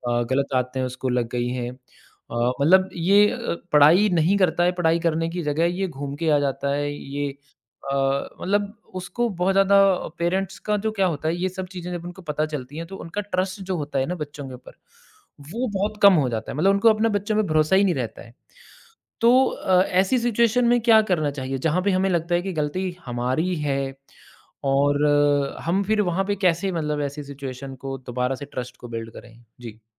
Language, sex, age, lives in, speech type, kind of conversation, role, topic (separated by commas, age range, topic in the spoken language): Hindi, male, 35-39, India, conversation, podcast, host, Trust tootne ke baad use wapas kaise banate ho?